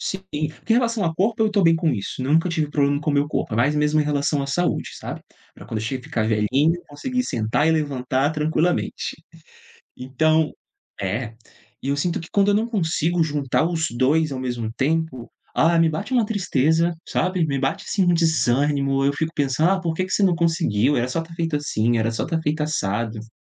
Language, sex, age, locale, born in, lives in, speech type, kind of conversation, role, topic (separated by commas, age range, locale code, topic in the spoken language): Portuguese, male, 30-34, pt-BR, Brazil, Portugal, conversation, advice, user, Como lidar com a culpa por deixar o autocuidado de lado?